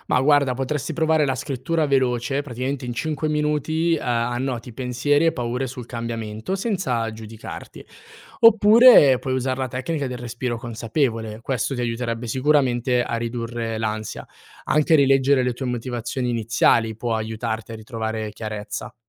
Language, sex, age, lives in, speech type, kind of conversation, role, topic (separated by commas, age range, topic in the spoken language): Italian, male, 18-19, Italy, read, expert-assistant, expert, Conviene puntare su piccoli cambiamenti quotidiani o su grandi sfide per migliorarsi nella vita?